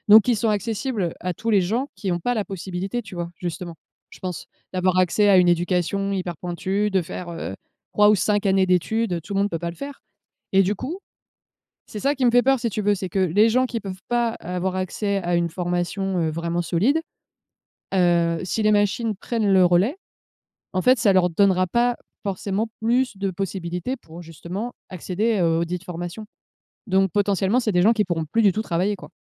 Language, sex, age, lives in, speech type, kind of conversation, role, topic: French, female, 30-34, France, conversation, unstructured, none, Avez-vous peur de perdre votre emploi à cause des machines ?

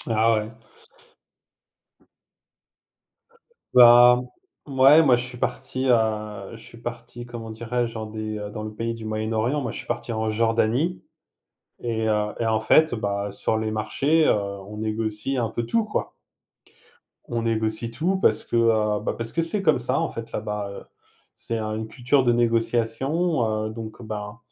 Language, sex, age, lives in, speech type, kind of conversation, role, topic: French, male, 45-49, France, conversation, unstructured, none, Est-il acceptable de négocier les prix partout où l’on va ?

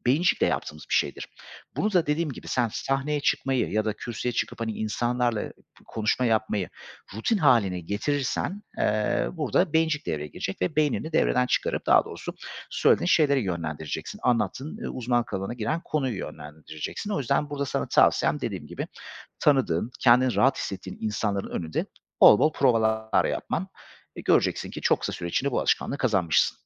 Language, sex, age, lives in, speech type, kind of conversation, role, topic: Turkish, male, 35-39, Greece, conversation, advice, advisor, Önemli bir sunum veya toplantı öncesinde yoğun performans kaygısı yaşıyor musunuz?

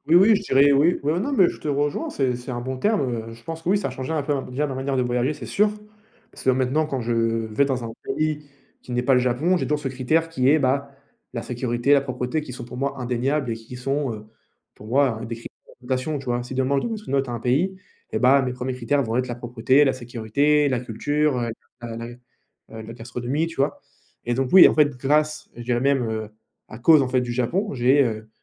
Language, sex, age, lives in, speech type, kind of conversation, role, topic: French, male, 20-24, France, conversation, unstructured, none, Quelle est ta plus belle découverte en voyage ?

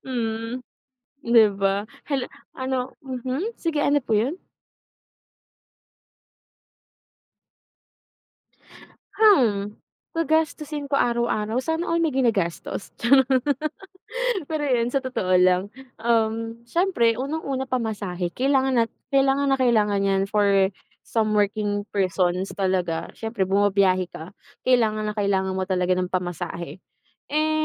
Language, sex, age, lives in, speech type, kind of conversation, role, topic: Filipino, female, 25-29, Philippines, conversation, unstructured, none, Paano mo pinipili kung saan mo gagastusin ang pera mo araw-araw?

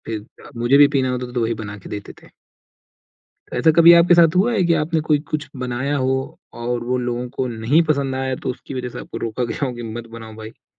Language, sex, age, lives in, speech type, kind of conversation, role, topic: Hindi, male, 20-24, India, conversation, podcast, host, Kaunse swaad tumhe bachpan ki yaad dilaate hain?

